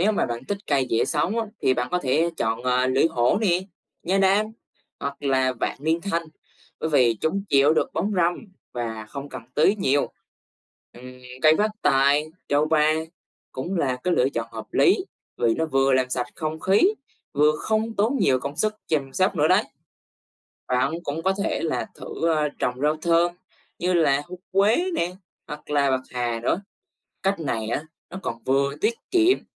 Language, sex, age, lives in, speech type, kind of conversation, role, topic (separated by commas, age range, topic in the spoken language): Vietnamese, male, 20-24, Vietnam, read, expert-assistant, expert, Làm sao để bảo vệ môi trường trong cuộc sống hàng ngày